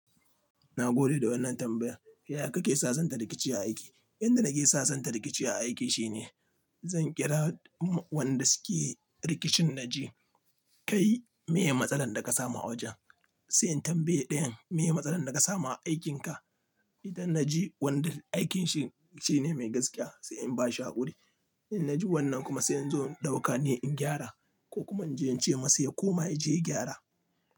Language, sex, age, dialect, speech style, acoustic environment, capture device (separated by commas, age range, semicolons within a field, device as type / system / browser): Hausa, male, 25-34, Nigeria - Nigerian Standard, relaxed, nature, mobile / Linux / Chrome